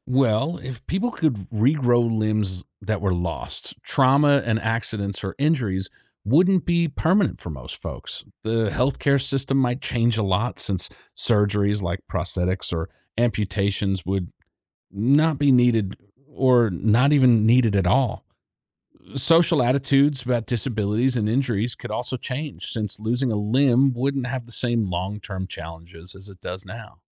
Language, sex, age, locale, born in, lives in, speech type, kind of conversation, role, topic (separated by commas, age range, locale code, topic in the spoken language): English, male, 35-39, en-US, United States, United States, read, expert-assistant, expert, What if humans could regenerate lost limbs?